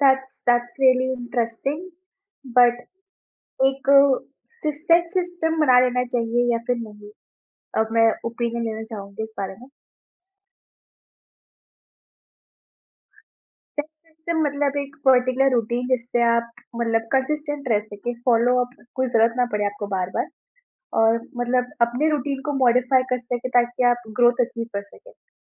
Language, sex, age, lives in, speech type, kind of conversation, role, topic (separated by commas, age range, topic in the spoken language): Hindi, female, 20-24, India, conversation, podcast, host, Motivation gir jaaye to use phir se jagane ka sabse asaan tareeka kya hai?